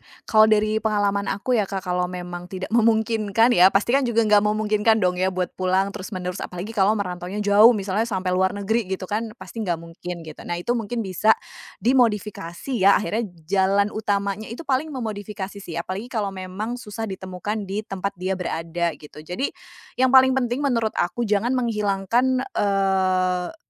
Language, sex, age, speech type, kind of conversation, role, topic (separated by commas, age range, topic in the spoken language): Indonesian, female, 30-34, conversation, podcast, guest, Pernahkah kamu merasa ada makanan yang langsung bikin kamu berasa di rumah? Bisa ceritain?